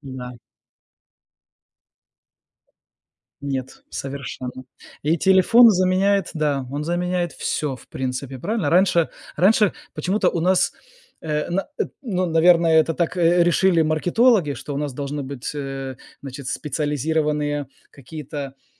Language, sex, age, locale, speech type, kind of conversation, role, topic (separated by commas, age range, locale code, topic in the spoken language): Russian, male, 40-44, ru-RU, conversation, unstructured, none, Какие инновации сделали вашу жизнь проще?